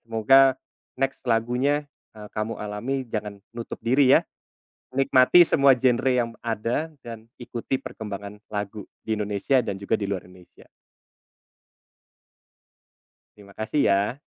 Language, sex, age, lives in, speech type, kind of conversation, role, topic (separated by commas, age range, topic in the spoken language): Indonesian, male, 30-34, Indonesia, conversation, podcast, host, Bagaimana selera musikmu berubah dari dulu hingga sekarang?